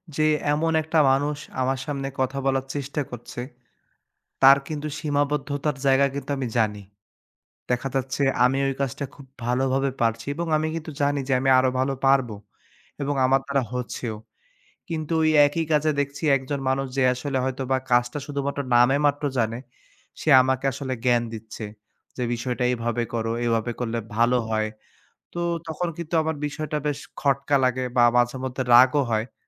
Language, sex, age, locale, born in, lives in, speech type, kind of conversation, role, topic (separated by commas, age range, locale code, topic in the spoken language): Bengali, male, 25-29, bn-BD, Bangladesh, Bangladesh, conversation, advice, user, ব্যক্তিগত সীমা নির্ধারণের কথা বললে কি অন্যকে কষ্ট দেওয়ার আশঙ্কা থাকে?